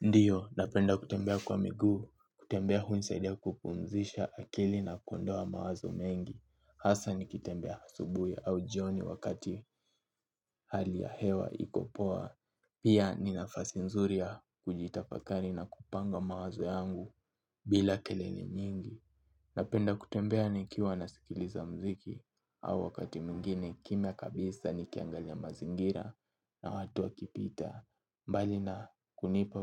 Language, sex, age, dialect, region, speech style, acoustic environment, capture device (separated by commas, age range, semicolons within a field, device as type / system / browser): Swahili, male, 18-24, Kenya - Nairobi Sheng-influenced, Kenya, focused, silence, mobile / Linux / Chrome